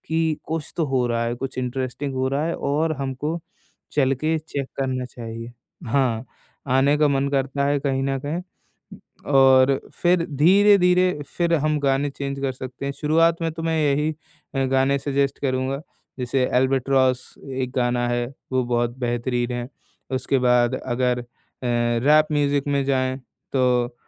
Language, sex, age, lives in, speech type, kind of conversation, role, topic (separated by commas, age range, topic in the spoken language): Hindi, male, 20-24, India, conversation, podcast, guest, Party playlist banane ka tumhara secret kya hai?